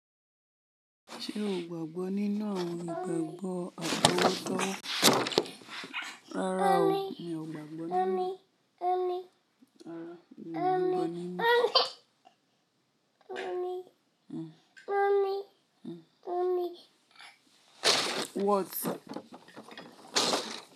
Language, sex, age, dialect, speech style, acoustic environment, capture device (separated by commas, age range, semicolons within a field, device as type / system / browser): Yoruba, female, 25-34, Nigeria - Ibadan, stressed; focused; excited; nervous; happy; anxious; tired; relaxed, nature; appliances; construction; traffic; people talking; music; silence, mobile / Linux / Chrome